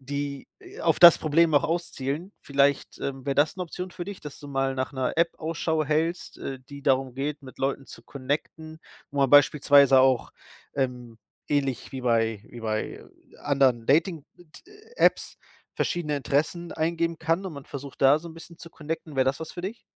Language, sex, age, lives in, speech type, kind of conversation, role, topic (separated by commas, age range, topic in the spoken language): German, male, 30-34, Germany, conversation, advice, advisor, Wie kann ich nach einem Umzug neue Freunde finden?